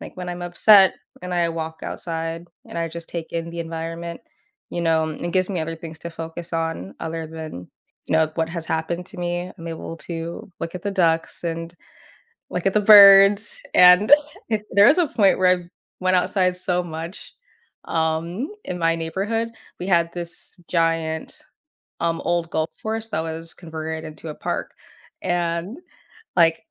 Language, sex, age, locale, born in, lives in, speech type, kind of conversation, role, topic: English, female, 20-24, en-US, United States, United States, conversation, podcast, guest, How does spending time in nature impact your well-being and perspective?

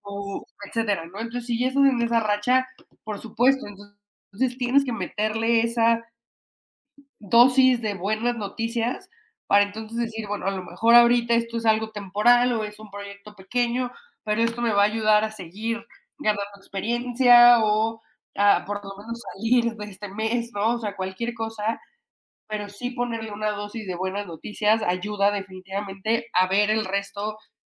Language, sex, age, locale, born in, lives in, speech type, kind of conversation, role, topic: Spanish, female, 30-34, es-MX, Mexico, Mexico, conversation, unstructured, none, ¿Has sentido que una noticia positiva te ayudó a superar un mal día?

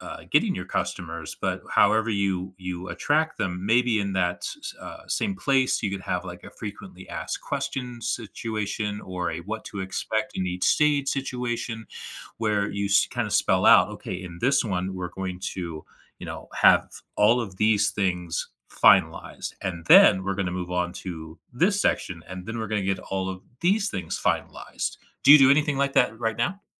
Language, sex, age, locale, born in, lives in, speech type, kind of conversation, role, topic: English, male, 35-39, en-US, United States, United States, conversation, advice, advisor, How can I balance work deadlines without sacrificing my personal life?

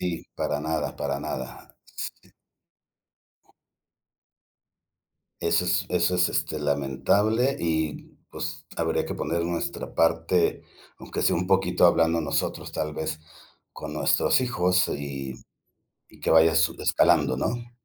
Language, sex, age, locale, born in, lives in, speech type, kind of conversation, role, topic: Spanish, male, 55-59, es-MX, Mexico, Mexico, conversation, unstructured, none, ¿Crees que la educación debería cambiar? ¿Por qué?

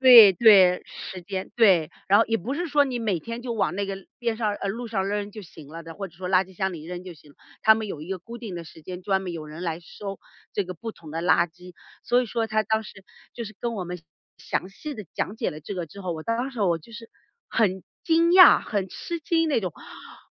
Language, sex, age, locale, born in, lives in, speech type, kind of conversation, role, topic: Chinese, female, 40-44, zh-CN, China, United States, conversation, podcast, guest, 你平时怎么注意环保的小事儿？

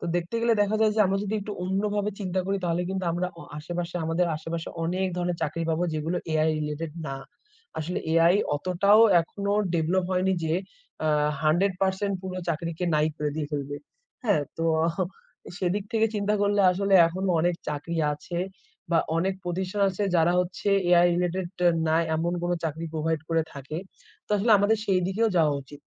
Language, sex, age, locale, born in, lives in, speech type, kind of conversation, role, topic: Bengali, male, 20-24, bn-BD, Bangladesh, Bangladesh, conversation, unstructured, none, কৃত্রিম বুদ্ধিমত্তা কি মানুষকে চাকরি থেকে ছাঁটাই করে দিতে পারে?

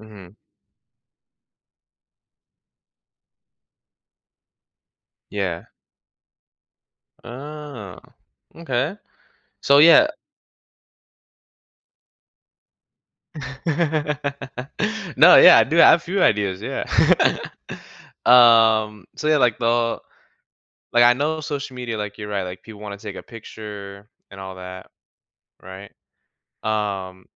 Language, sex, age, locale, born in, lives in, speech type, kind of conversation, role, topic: English, male, 20-24, en-US, United States, United States, conversation, podcast, host, In what ways does fashion allow people to communicate who they are without words?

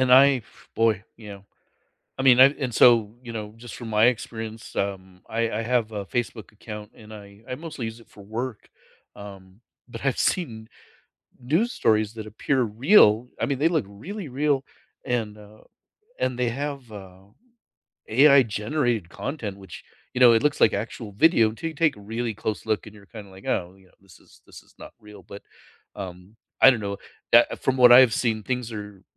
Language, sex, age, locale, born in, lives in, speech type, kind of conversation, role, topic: English, male, 55-59, en-US, United States, United States, conversation, unstructured, none, Should companies be responsible for fake news on their platforms?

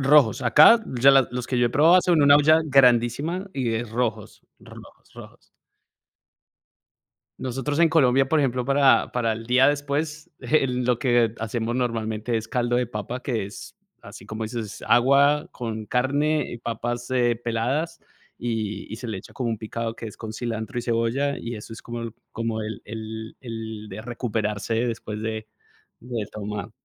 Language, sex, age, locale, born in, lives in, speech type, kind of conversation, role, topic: Spanish, male, 40-44, es-CO, Colombia, United States, conversation, unstructured, none, ¿Te gusta probar comidas nuevas o prefieres la comida tradicional?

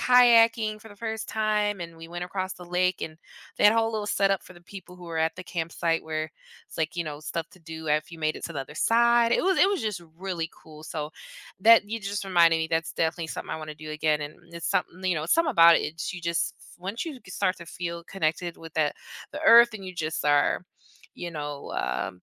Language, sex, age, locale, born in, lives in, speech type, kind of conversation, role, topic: English, female, 30-34, en-US, United States, United States, conversation, unstructured, none, How does spending time outside affect your mood?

